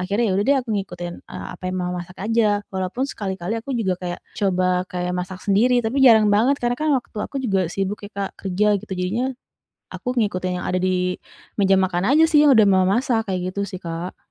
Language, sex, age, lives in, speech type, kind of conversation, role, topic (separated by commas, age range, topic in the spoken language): Indonesian, female, 35-39, Indonesia, conversation, advice, user, Bagaimana saya bisa menyesuaikan kebiasaan makan dan rutinitas harian di lingkungan baru?